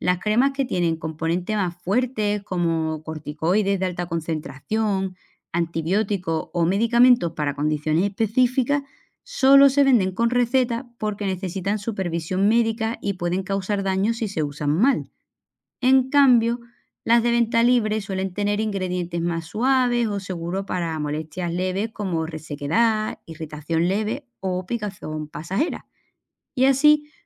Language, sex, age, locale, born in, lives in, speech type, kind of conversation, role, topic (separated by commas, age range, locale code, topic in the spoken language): Spanish, female, 35-39, es-ES, Spain, Portugal, read, expert-assistant, expert, ¿Qué tipos de problemas pueden atender los dermatólogos?